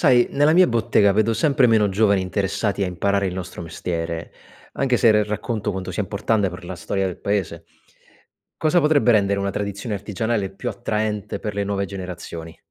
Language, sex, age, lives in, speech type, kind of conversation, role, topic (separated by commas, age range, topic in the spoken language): Italian, male, 30-34, Italy, read, expert-assistant, asker, Come si può mantenere viva una tradizione artigianale locale quando i giovani sembrano meno interessati?